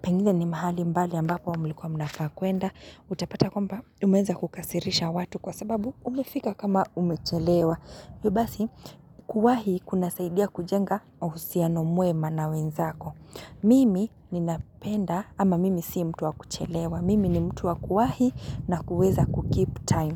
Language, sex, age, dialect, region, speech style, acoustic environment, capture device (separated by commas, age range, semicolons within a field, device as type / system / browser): Swahili, female, 25-34, Kenya - Nairobi Sheng-influenced, Kenya, happy; excited; focused, silence, mobile / Linux / Chrome